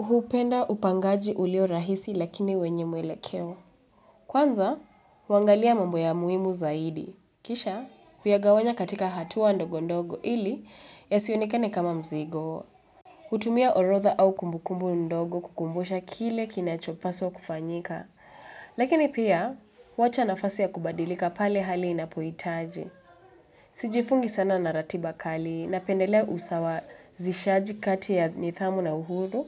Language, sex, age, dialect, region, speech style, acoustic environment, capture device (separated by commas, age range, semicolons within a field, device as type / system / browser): Swahili, female, 25-34, Kenya - Nairobi Sheng-influenced, Kenya, relaxed; focused, appliances; nature, mobile / Linux / Chrome